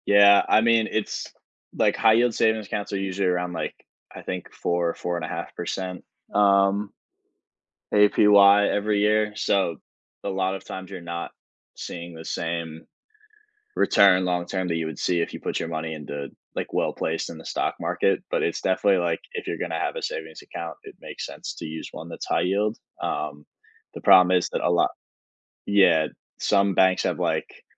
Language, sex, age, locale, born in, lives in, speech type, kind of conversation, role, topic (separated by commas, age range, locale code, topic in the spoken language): English, male, 20-24, en-US, United States, United States, conversation, podcast, guest, What steps can help someone create and achieve meaningful financial goals?